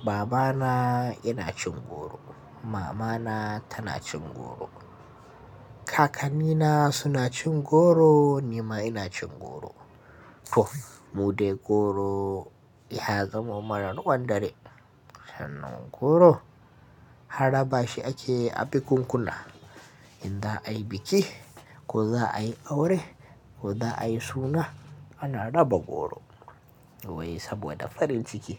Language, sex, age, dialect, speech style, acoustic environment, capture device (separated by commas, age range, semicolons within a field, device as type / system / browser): Hausa, male, 18-24, Nigeria - Kano, happy; anxious; relaxed; tired; nervous; excited; focused; stressed, traffic; construction; appliances; people talking; music; nature; silence, mobile / Linux / Chrome